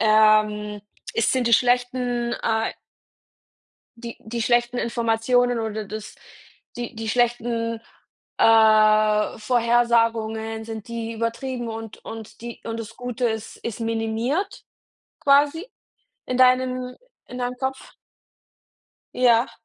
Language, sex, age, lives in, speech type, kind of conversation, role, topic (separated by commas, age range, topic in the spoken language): German, female, 30-34, Germany, conversation, unstructured, none, Wie kannst du andere dabei unterstützen, die Vergangenheit loszulassen?